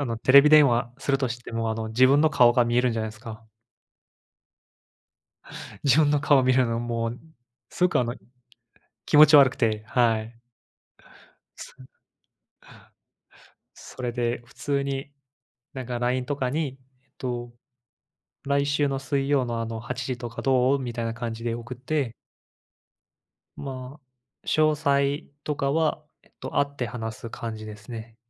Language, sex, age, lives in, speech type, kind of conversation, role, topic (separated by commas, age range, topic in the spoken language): Japanese, male, 25-29, Japan, conversation, unstructured, none, SNSの使いすぎは社会にどのような影響を与えるのでしょうか？